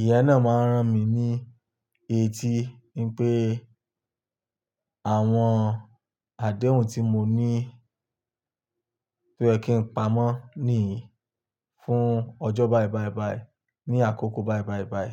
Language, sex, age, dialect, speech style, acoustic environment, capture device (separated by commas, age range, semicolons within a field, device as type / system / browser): Yoruba, male, 25-34, Nigeria - Lagos Yoruba, relaxed; stressed, silence; nature, desktop / Linux / Chrome